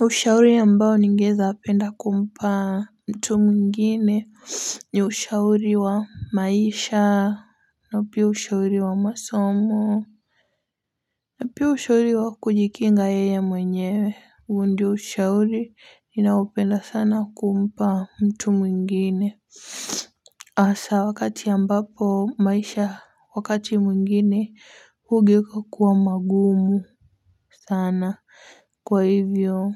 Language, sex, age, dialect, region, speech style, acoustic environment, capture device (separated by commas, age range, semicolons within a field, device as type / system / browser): Swahili, female, 18-24, Kenya - Mombasa, Kenya, relaxed, silence, mobile / Linux / Chrome